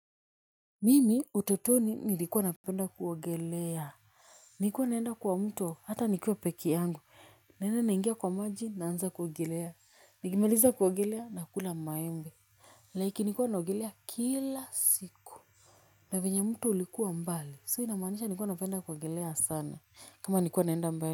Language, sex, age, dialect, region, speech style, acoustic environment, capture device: Swahili, female, 25-34, Kenya - Nairobi Sheng-influenced, Kenya, happy; nervous, people talking; music, mobile / Linux / Chrome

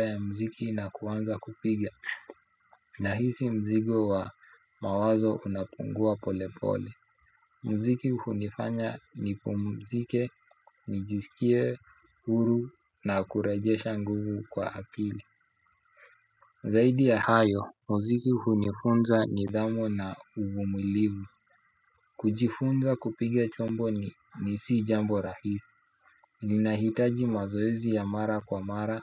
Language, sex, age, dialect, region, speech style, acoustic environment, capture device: Swahili, male, 25-34, Kenya - Nairobi Sheng-influenced, Kenya, nervous; excited, people talking, desktop / Windows / Chrome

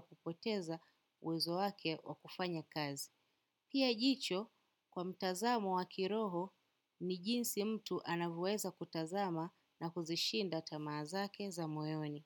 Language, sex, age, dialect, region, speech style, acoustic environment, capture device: Swahili, female, 25-34, Kenya - Nairobi Sheng-influenced, Kenya, happy; relaxed; excited; focused, silence, desktop / macOS / Chrome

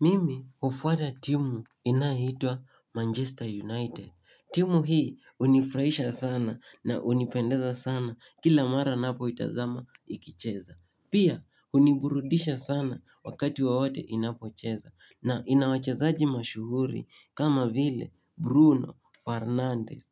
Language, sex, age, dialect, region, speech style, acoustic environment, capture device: Swahili, male, 18-24, Kenya - Nairobi Sheng-influenced, Kenya, happy, silence; nature, mobile / Linux / Chrome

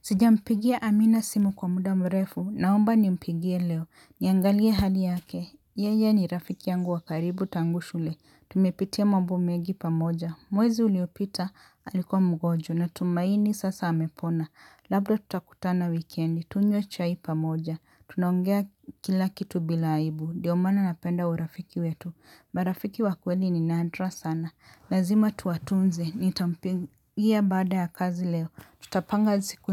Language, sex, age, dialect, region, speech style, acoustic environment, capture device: Swahili, male, 35-44, Kenya - Nairobi Sheng-influenced, Kenya, relaxed, silence, mobile / Linux / Chrome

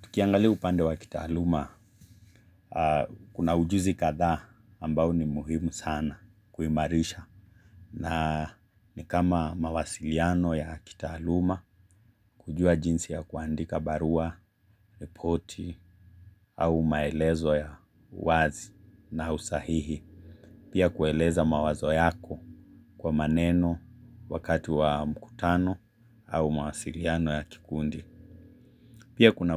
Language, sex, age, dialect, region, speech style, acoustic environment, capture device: Swahili, male, 25-34, Kenya - Mombasa, Kenya, relaxed; focused, silence, mobile / Linux / Chrome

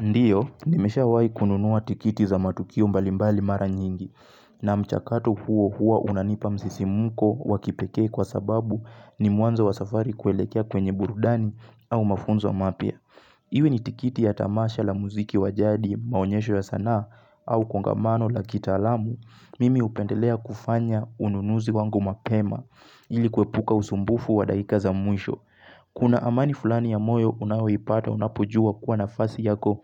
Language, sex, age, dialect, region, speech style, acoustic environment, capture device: Swahili, male, 18-24, Kenya - Mombasa, Kenya, focused, silence, mobile / Linux / Chrome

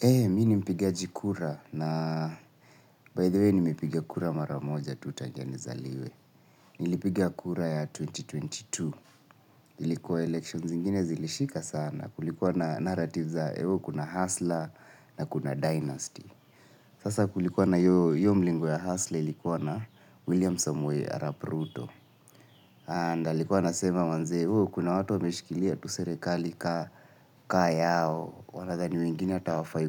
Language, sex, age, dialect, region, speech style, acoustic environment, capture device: Swahili, male, 25-34, Kenya - Nairobi Sheng-influenced, Kenya, tired, silence; nature, mobile / Linux / Chrome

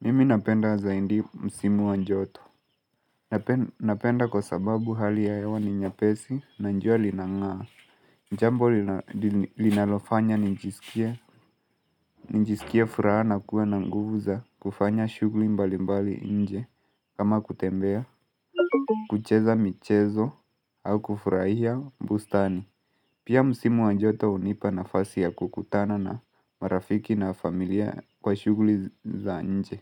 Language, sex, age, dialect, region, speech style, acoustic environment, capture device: Swahili, male, 18-24, Kenya - Mombasa, Kenya, stressed; focused, nature, mobile / Linux / Chrome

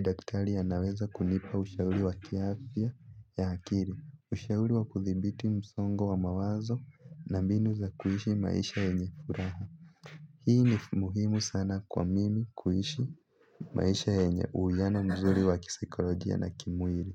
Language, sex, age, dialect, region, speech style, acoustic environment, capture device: Swahili, male, 18-24, Kenya - Nairobi Sheng-influenced, Kenya, focused, people talking; nature; appliances, mobile / Linux / Chrome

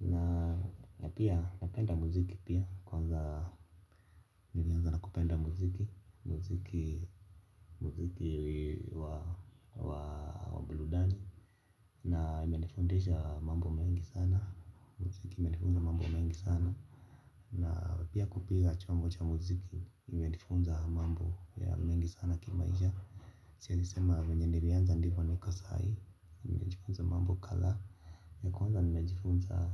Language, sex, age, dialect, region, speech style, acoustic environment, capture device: Swahili, male, 25-34, Kenya - Nairobi Sheng-influenced, Kenya, relaxed, silence; nature, mobile / Linux / Chrome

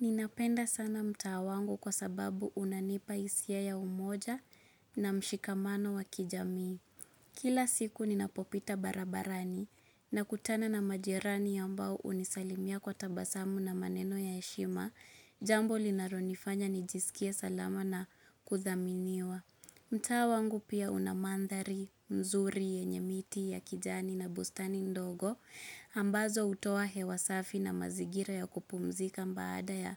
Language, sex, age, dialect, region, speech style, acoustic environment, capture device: Swahili, female, 25-34, Kenya - Nairobi Sheng-influenced, Kenya, focused; happy; relaxed, silence, mobile / Linux / Chrome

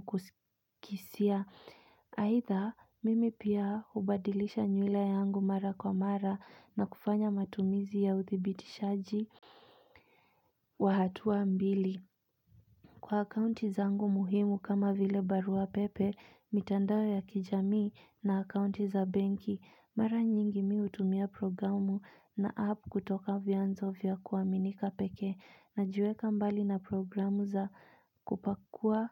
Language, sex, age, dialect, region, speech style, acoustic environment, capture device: Swahili, female, 25-34, Kenya - Nairobi Sheng-influenced, Kenya, relaxed, silence, mobile / Linux / Chrome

